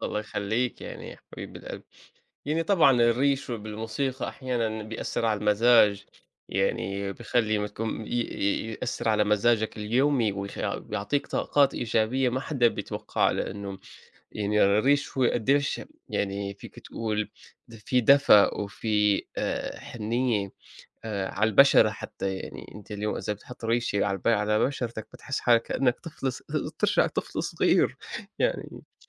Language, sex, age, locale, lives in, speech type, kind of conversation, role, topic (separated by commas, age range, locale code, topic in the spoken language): Arabic, male, 25-29, ar-SY, United States, conversation, unstructured, none, إيه الأغنية اللي بتفكّرك بأوقات سعيدة؟